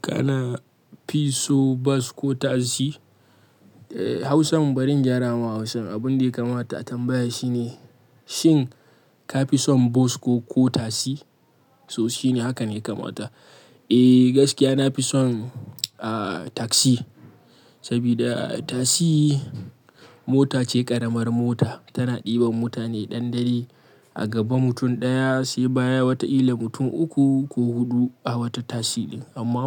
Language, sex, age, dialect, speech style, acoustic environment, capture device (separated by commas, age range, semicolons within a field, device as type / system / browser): Hausa, male, 25-34, Nigeria - Kano, happy, silence, mobile / Linux / Chrome